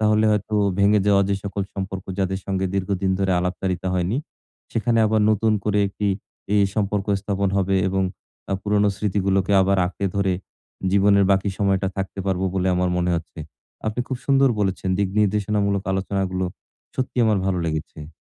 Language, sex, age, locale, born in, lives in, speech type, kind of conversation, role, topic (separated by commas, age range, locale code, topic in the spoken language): Bengali, male, 40-44, bn-BD, Bangladesh, Bangladesh, conversation, advice, user, ব্যস্ততার কারণে বন্ধুদের থেকে দূরে হয়ে যাচ্ছি—আমি কী করব?